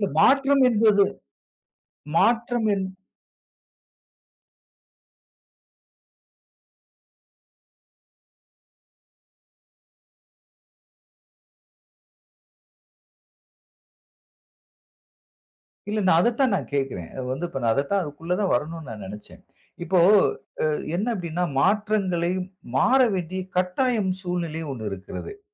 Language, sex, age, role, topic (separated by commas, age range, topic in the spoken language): Tamil, male, 40-44, host, எந்த காரணங்களால் நீங்கள் மீண்டும் உங்களை மாற்றத் தொடங்கினீர்கள்?